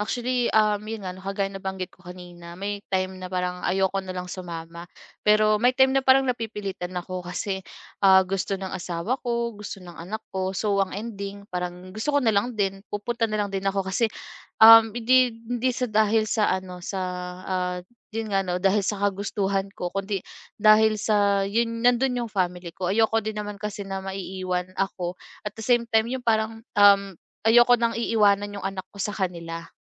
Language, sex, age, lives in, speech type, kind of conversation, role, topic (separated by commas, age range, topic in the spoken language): Filipino, female, 25-29, Philippines, conversation, advice, user, Paano ko haharapin ang presyur na dulot ng mga inaasahan sa akin kapag may handaan o lakad?